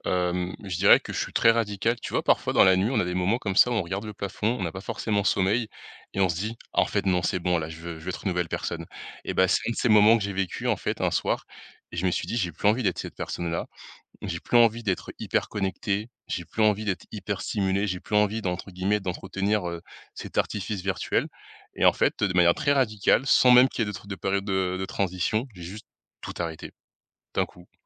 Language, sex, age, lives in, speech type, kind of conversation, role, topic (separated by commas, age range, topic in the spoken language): French, male, 20-24, France, conversation, podcast, guest, Quel est ton rapport aux réseaux sociaux au quotidien ?